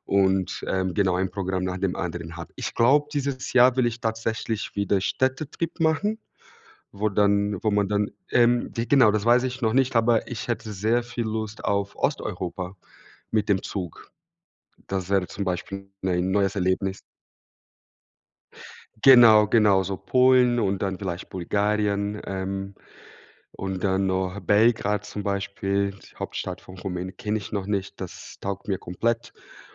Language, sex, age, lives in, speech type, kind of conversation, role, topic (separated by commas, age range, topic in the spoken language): German, male, 30-34, Germany, conversation, unstructured, none, Worauf freust du dich am meisten, wenn du auf Reisen gehst?